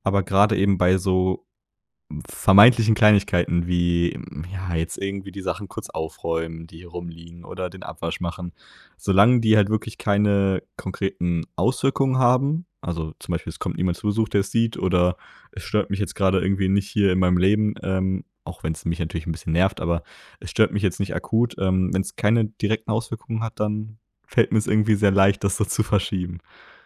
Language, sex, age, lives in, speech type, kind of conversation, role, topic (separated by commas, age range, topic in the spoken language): German, male, 20-24, Germany, conversation, advice, user, Wie kann ich meine tägliche Selbstdisziplin langfristig aufrechterhalten?